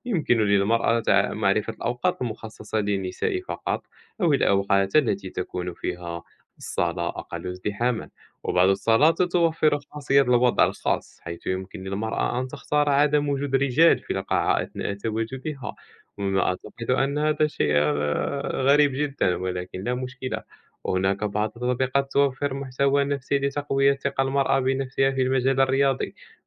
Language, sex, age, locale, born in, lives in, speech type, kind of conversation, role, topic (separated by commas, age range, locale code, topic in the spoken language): Arabic, male, 20-24, ar-MA, Morocco, France, conversation, unstructured, none, إزاي التكنولوجيا بتساعد في تشجيع مشاركة الستات في الرياضة؟